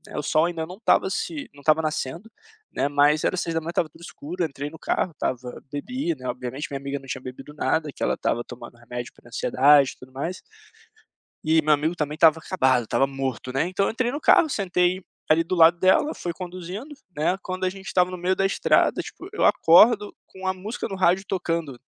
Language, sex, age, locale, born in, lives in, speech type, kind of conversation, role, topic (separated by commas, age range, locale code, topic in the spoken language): Portuguese, male, 25-29, pt-BR, Brazil, Portugal, conversation, podcast, guest, Qual música te lembra viagens ou road trips?